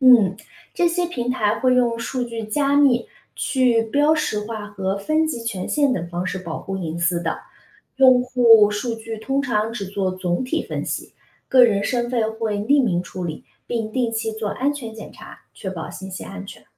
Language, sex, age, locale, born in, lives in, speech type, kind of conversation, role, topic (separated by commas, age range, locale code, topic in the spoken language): Chinese, female, 30-34, zh-CN, China, United States, read, expert-assistant, expert, 在城市里生活，怎样实际参与到保护海洋生物的行动中？